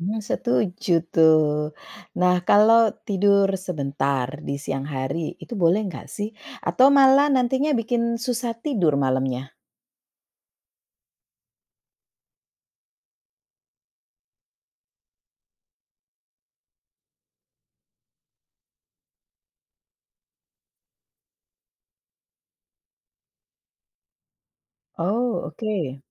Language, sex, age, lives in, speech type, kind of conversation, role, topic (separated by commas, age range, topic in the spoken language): Indonesian, female, 45-49, Netherlands, read, expert-assistant, asker, Mengapa kita menguap ketika merasa mengantuk?